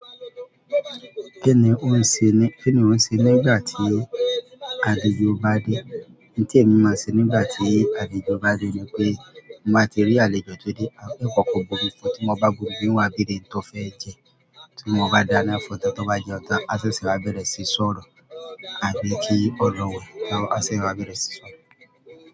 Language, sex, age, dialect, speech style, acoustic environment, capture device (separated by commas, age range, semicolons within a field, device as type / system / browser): Yoruba, male, 35-44, Nigeria - Lagos Yoruba, happy; anxious; excited, people talking; music; traffic, mobile / Android / Chrome